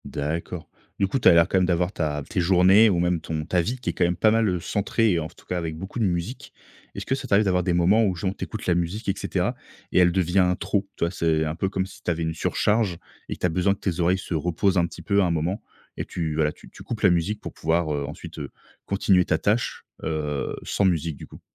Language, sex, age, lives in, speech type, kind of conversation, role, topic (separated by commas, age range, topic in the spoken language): French, male, 30-34, France, conversation, podcast, host, La musique t’aide-t-elle vraiment à te concentrer ?